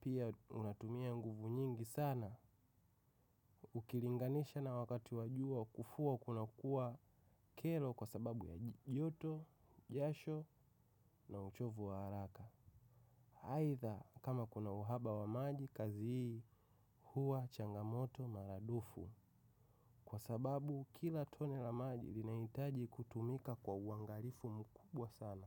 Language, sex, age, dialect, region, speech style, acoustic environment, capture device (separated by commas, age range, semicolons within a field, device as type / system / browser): Swahili, male, 25-34, Tanzania - Dar es Salaam, Tanzania, focused; excited; relaxed, silence; nature; appliances, mobile / macOS / Safari